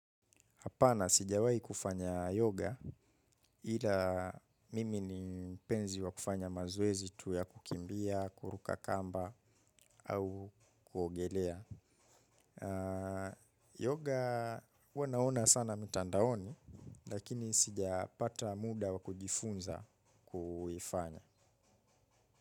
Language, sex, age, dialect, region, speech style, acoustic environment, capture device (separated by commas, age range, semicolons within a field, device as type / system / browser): Swahili, male, 25-34, Kenya - Mombasa, Kenya, relaxed, nature; appliances, mobile / Linux / Chrome